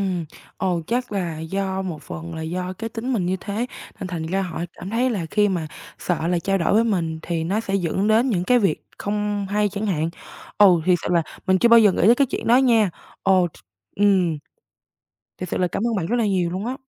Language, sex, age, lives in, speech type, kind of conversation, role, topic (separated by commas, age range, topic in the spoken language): Vietnamese, female, 18-19, Vietnam, conversation, advice, user, Vì sao mối quan hệ bạn bè của tôi thay đổi, khiến tôi cảm thấy mất kết nối và hoang mang về việc có nên tiếp tục giữ liên hệ?